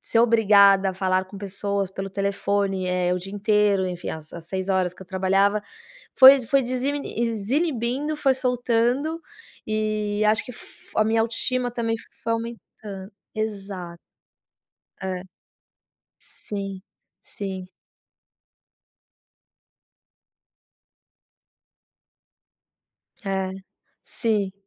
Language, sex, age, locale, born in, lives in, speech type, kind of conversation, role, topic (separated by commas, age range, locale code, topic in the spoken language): Portuguese, female, 40-44, pt-BR, Brazil, United States, conversation, advice, user, Como posso lidar com comentários repetidos que abalam a minha autoestima?